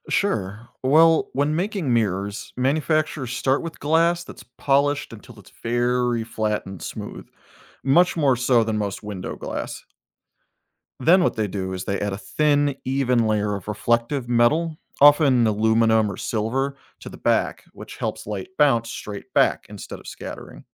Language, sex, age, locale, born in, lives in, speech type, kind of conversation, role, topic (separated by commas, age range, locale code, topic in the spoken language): English, male, 35-39, en-US, United States, United States, read, expert-assistant, expert, Why do mirrors show our reflection?